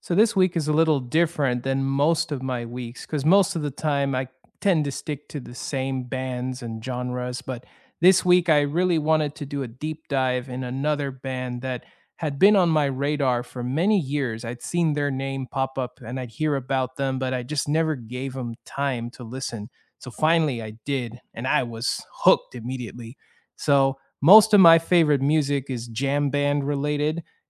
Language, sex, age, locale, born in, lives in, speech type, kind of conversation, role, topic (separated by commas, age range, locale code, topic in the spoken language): English, male, 30-34, en-US, United States, United States, conversation, unstructured, none, Which songs or sounds capture your mood this week, and why do they resonate?